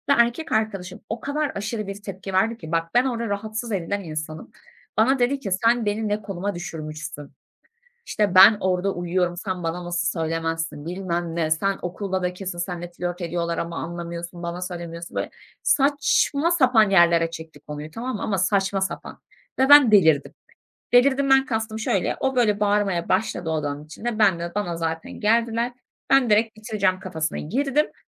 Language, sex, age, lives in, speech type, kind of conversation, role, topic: Turkish, female, 25-29, Germany, conversation, advice, user, İlişkinde duygusal olarak uzaklaştığını ve bağlanmakta zorlandığını mı hissediyorsun?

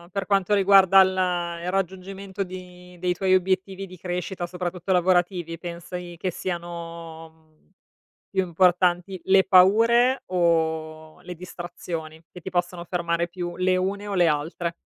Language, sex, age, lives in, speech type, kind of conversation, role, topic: Italian, female, 35-39, Italy, conversation, unstructured, none, Cosa ti frena dal raggiungere i tuoi obiettivi?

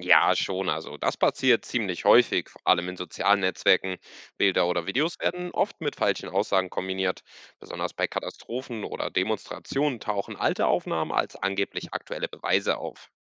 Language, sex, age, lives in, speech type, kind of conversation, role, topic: German, male, 20-24, Portugal, read, expert-assistant, expert, Wie findest du heraus, ob eine Quelle in den Nachrichten vertrauenswürdig ist?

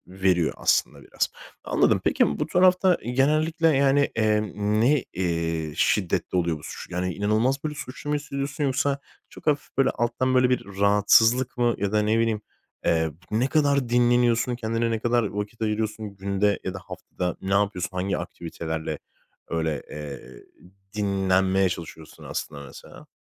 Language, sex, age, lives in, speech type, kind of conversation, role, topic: Turkish, male, 25-29, Spain, conversation, advice, advisor, Dinlenirken suçluluk hissetmem normal mi ve bunu nasıl aşabilirim?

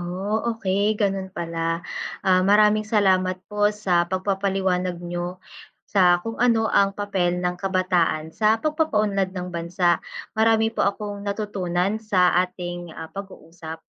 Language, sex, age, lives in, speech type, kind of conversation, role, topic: Filipino, female, 25-29, Philippines, read, expert-assistant, asker, Ano ang papel ng kabataan sa pagpapaunlad ng bansa?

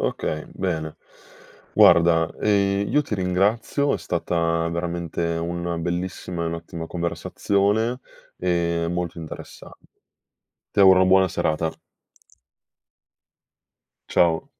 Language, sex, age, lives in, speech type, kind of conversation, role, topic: Italian, male, 25-29, Italy, conversation, podcast, host, Come nascono le tue idee creative?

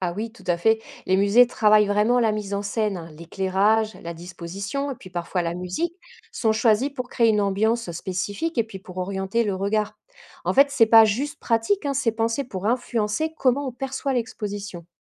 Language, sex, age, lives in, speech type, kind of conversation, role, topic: French, female, 40-44, France, read, expert-assistant, expert, Comment s’organiser pour profiter au maximum d’une visite dans une exposition d’art ?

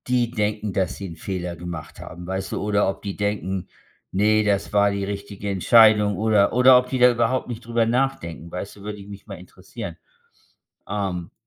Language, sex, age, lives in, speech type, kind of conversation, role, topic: German, male, 55-59, United States, conversation, unstructured, none, Was hast du aus einem großen Fehler in deinem Leben gelernt?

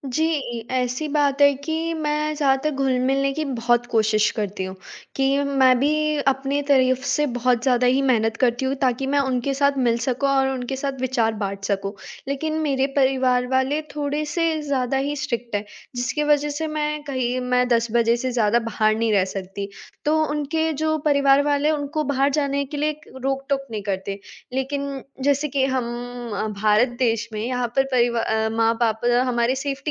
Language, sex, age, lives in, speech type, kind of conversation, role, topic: Hindi, female, 18-19, India, conversation, advice, user, आप समूह में अलग-थलग महसूस होने और जुड़ न पाने का अनुभव कैसे करते हैं?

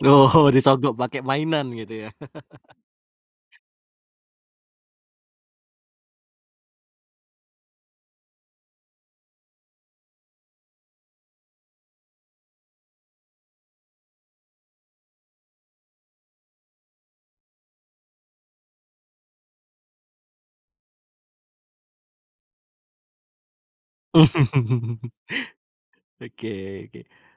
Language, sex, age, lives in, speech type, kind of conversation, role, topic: Indonesian, male, 25-29, Indonesia, conversation, podcast, host, Bagaimana cara mengucapkan maaf yang menurutmu terasa tulus?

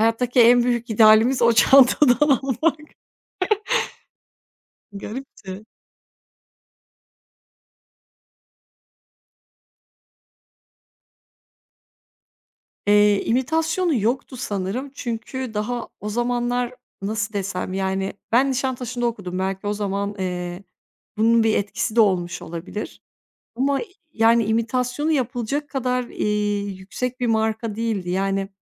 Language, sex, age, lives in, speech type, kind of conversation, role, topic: Turkish, female, 30-34, Bulgaria, conversation, podcast, guest, Sence kıyafetlerin seni nasıl tanımlıyor?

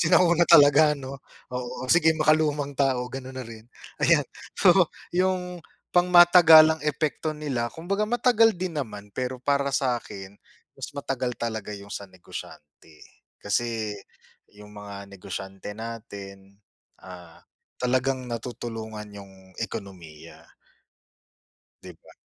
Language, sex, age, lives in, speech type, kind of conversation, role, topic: Filipino, male, 35-39, Philippines, conversation, unstructured, none, Mas pipiliin mo bang maging sikat na artista o matagumpay na negosyante?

